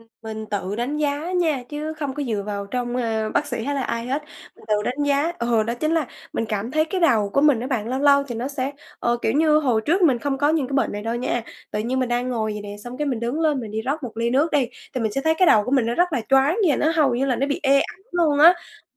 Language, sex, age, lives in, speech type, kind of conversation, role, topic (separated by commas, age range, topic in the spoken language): Vietnamese, female, 20-24, Vietnam, conversation, advice, user, Làm sao để tạo thói quen hằng ngày giúp giảm căng thẳng?